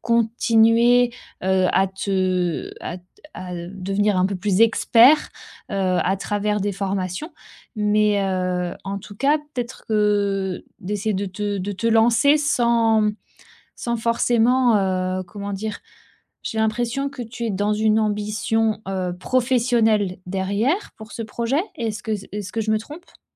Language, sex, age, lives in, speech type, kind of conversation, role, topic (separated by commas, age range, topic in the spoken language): French, female, 30-34, France, conversation, advice, advisor, Comment arrêter de procrastiner malgré des ambitions claires et transformer mes idées en actions concrètes ?